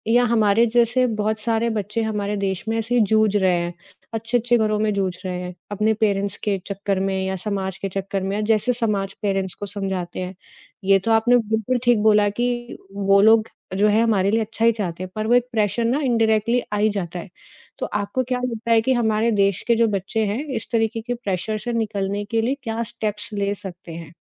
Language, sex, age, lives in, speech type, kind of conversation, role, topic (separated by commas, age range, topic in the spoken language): Hindi, female, 30-34, India, conversation, podcast, host, Parivaar ke pressure mein aap apne junoon ko kaise follow karte hain?